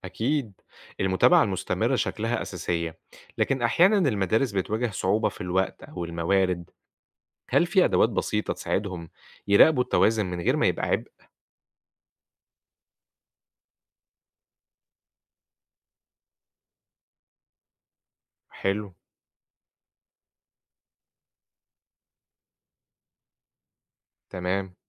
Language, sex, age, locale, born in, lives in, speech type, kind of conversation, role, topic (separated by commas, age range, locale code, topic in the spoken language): Arabic, male, 25-29, ar-EG, Egypt, Egypt, read, expert-assistant, asker, إزاي المدارس ممكن تنظم وقت الحصص عشان تدي فرصة أكتر للأنشطة والمواد المهمة؟